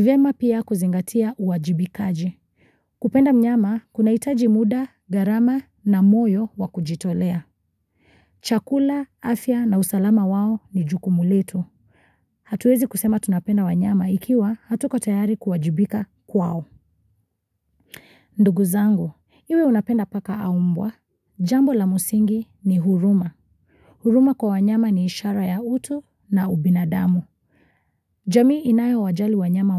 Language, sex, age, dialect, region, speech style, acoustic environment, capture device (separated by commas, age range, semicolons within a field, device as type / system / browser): Swahili, female, 25-34, Kenya - Mombasa, Kenya, focused, silence, mobile / Linux / Chrome